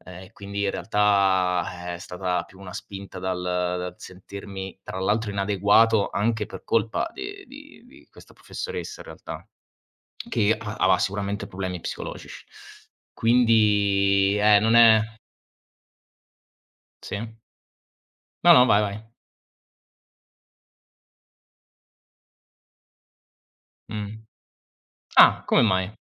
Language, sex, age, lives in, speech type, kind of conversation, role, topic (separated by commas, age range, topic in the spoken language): Italian, male, 25-29, Italy, conversation, unstructured, none, Che cosa ti spaventa quando pensi al sistema scolastico?